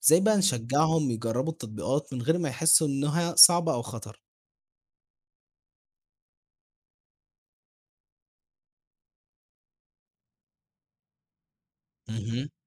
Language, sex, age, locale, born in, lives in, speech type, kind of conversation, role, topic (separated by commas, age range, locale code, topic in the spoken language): Arabic, male, 18-19, ar-EG, Egypt, Egypt, read, expert-assistant, asker, لو فكرت تدمج بين التكنولوجيا والعادات المصرية، إزاي ممكن نحسّن صحة الناس؟